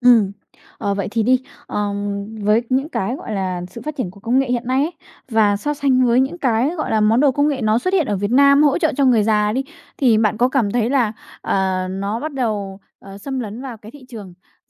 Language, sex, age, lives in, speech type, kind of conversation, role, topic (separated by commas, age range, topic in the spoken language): Vietnamese, female, 20-24, Vietnam, conversation, podcast, host, Công nghệ sẽ giúp người cao tuổi như thế nào trong tương lai?